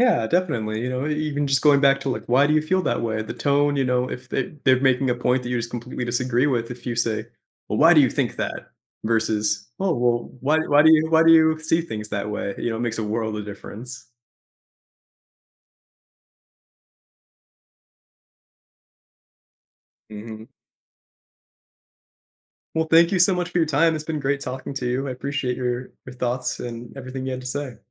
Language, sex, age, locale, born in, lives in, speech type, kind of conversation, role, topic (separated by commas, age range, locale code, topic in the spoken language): English, male, 25-29, en-US, United States, United States, conversation, podcast, host, How can asking thoughtful questions improve our conversations and relationships?